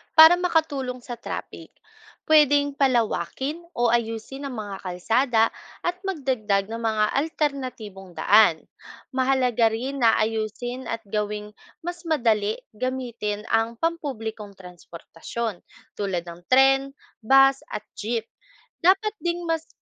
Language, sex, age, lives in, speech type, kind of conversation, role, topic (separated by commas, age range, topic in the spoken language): Filipino, female, 25-29, Philippines, read, expert-assistant, expert, Ano ang mga sanhi ng paglala ng trapik sa mga lungsod?